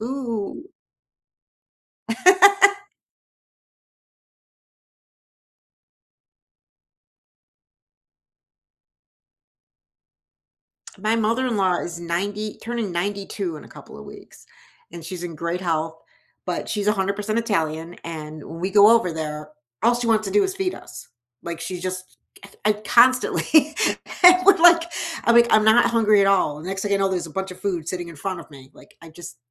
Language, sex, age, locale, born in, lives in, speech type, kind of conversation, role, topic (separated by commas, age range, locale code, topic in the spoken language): English, male, 55-59, en-US, United States, United States, conversation, unstructured, none, How do different cultures influence the food we eat?